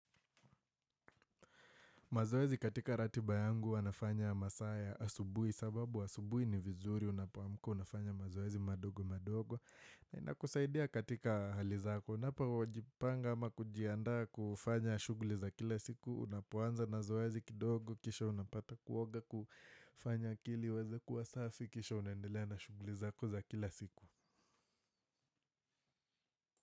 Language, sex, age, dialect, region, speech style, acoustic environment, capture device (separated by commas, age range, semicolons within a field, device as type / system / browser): Swahili, male, 18-24, Kenya - Nairobi Sheng-influenced, Kenya, happy, silence, desktop / Windows / Firefox